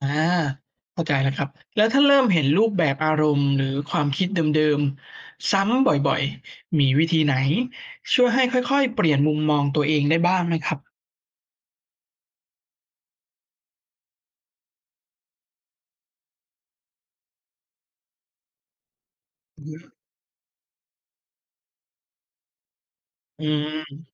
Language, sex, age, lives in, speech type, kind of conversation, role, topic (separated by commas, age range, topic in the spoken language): Thai, male, 30-34, Thailand, read, expert-assistant, asker, ถ้าอยากรู้เรื่องสุขภาพจิตแบบเข้าใจง่าย ควรเริ่มหาข้อมูลจากที่ไหนบ้าง?